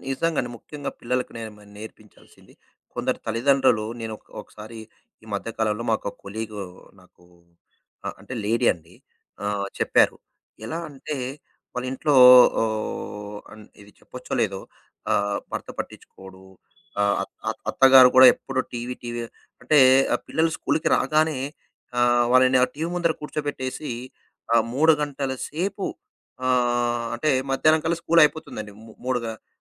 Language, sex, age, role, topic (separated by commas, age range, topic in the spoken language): Telugu, male, 35-39, guest, పిల్లలకు సంప్రదాయాలు నేర్పే విధానం ఎలా ఉండాలి?